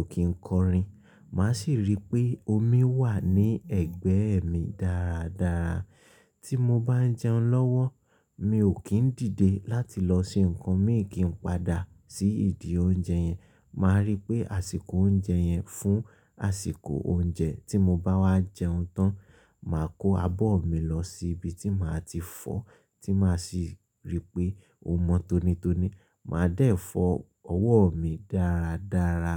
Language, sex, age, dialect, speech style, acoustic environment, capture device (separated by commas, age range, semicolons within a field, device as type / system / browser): Yoruba, male, 25-34, Nigeria - Ibadan, excited, silence, mobile / Linux / Chrome